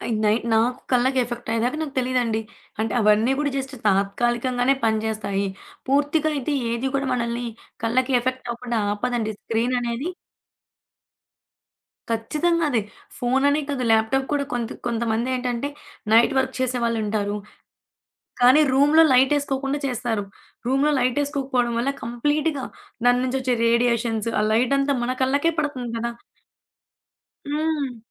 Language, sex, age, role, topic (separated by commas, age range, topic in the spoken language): Telugu, female, 20-24, guest, నిద్రకు ముందు స్క్రీన్‌లు చూడకుండా ఉండేందుకు మీరు ఏమి చేస్తారు?